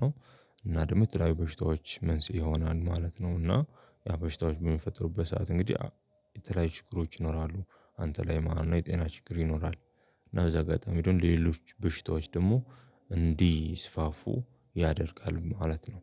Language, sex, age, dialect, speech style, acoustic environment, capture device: Amharic, male, 25-34, Ethiopia - Addis Ababa, focused, people talking, mobile / Linux / Chrome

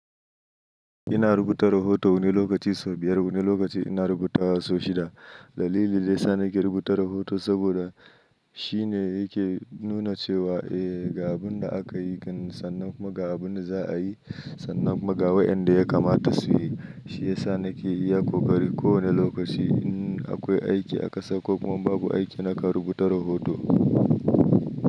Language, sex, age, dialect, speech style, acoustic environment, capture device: Hausa, male, 25-34, Nigeria - Nigerian Standard, anxious; happy, nature; silence, mobile / Linux / Chrome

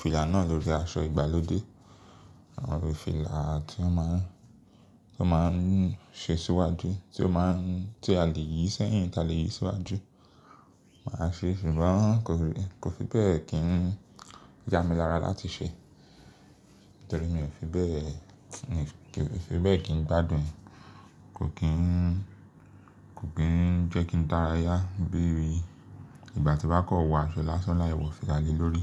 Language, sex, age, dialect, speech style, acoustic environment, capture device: Yoruba, male, 25-34, Nigeria - Lagos Yoruba, relaxed, silence, mobile / Linux / Chrome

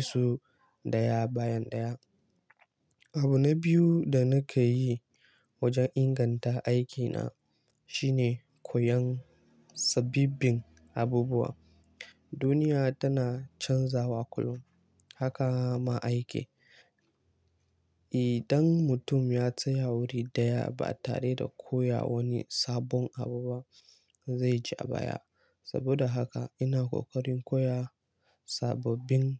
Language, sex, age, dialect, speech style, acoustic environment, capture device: Hausa, male, 18-24, Nigeria - Kano, focused, nature; people talking, mobile / Linux / Chrome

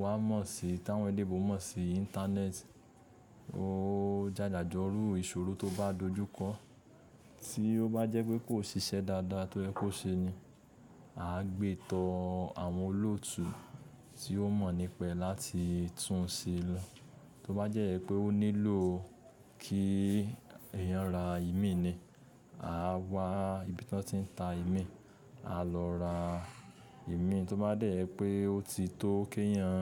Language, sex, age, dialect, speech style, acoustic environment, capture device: Yoruba, male, 25-34, Nigeria - Lagos Yoruba, happy, silence, mobile / Linux / Chrome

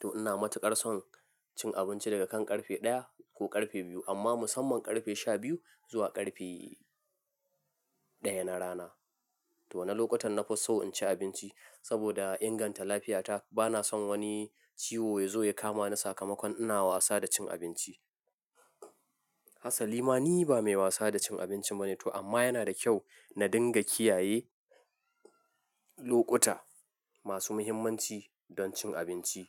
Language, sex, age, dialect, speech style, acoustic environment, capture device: Hausa, male, 25-34, Nigeria - Nigerian Standard, focused, silence, mobile / Linux / Chrome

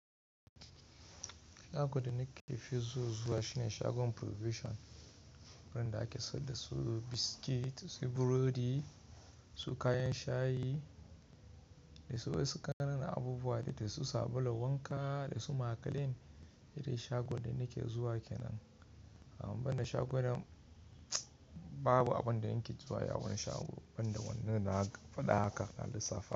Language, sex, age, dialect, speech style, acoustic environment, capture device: Hausa, male, 25-34, Nigeria - Kano, relaxed, nature; silence; people talking, mobile / Linux / Chrome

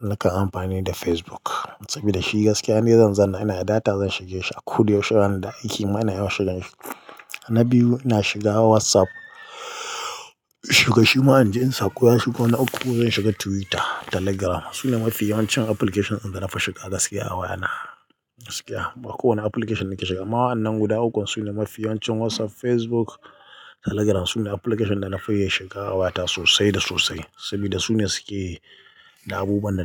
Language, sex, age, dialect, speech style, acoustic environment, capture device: Hausa, male, 25-34, Nigeria - Katsina, nervous; excited; focused; relaxed; tired; anxious; happy; stressed, people talking; music; nature; silence; traffic; construction; appliances, mobile / Linux / Chrome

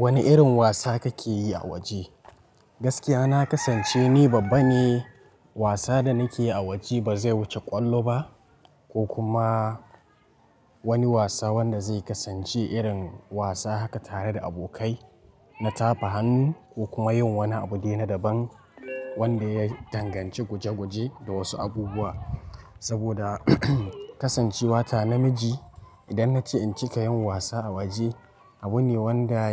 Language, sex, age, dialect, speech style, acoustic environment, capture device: Hausa, male, 18-24, Nigeria - Nigerian Standard, relaxed; focused, nature, mobile / Linux / Chrome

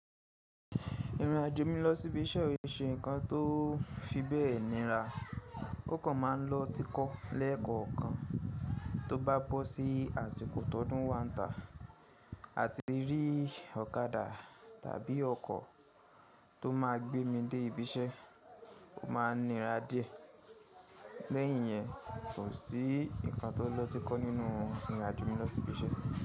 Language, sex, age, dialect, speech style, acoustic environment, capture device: Yoruba, male, 25-34, Nigeria - Ibadan, happy; anxious, people talking; nature, mobile / Linux / Chrome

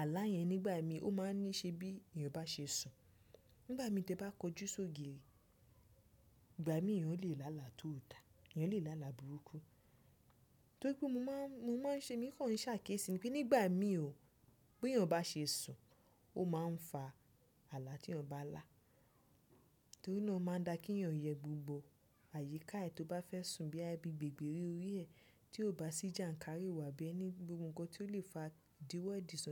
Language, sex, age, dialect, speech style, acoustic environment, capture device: Yoruba, female, 25-34, Nigeria - Nigerian Standard, happy, people talking, desktop / Windows / Chrome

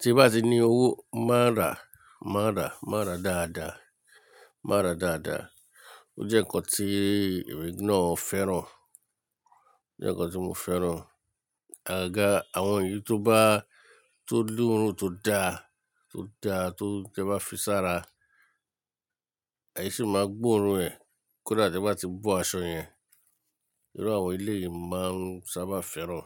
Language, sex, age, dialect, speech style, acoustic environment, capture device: Yoruba, male, 35-44, Nigeria - Lagos Yoruba, relaxed, nature; silence, mobile / Linux / Chrome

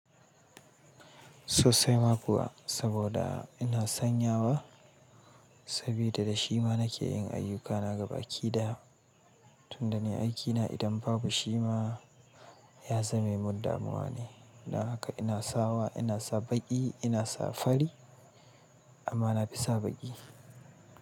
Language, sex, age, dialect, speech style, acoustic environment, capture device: Hausa, male, 35-44, Nigeria - Kano, happy, people talking, mobile / Linux / Chrome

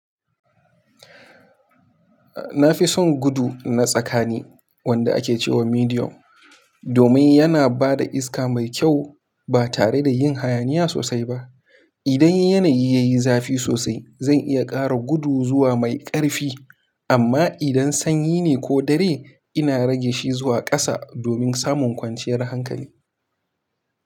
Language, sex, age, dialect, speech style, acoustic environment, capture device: Hausa, male, 18-24, Nigeria - Kano, focused, silence, mobile / Linux / Chrome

